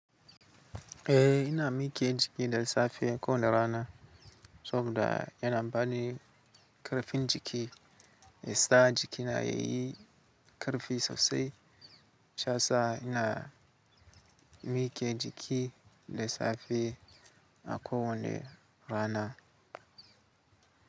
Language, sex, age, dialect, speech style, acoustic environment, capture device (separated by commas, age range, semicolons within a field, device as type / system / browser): Hausa, male, 18-24, Nigeria - Katsina, focused, nature, mobile / Linux / Chrome